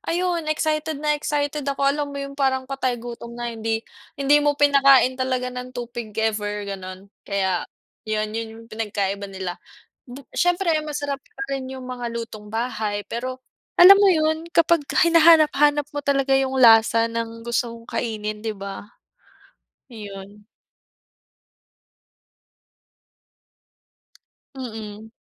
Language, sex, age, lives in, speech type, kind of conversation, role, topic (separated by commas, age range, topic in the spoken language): Filipino, female, 25-29, Philippines, conversation, unstructured, none, Ano ang pinakapaborito mong pagkaing natikman habang naglalakbay ka?